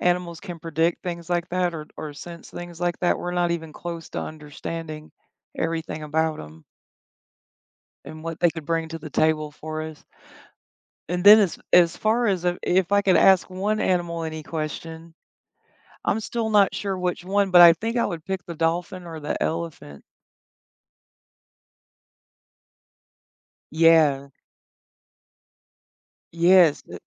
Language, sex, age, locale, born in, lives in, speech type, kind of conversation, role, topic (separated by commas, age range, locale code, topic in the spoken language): English, female, 45-49, en-US, United States, United States, conversation, unstructured, none, How might understanding animals' thoughts change the way we relate to them?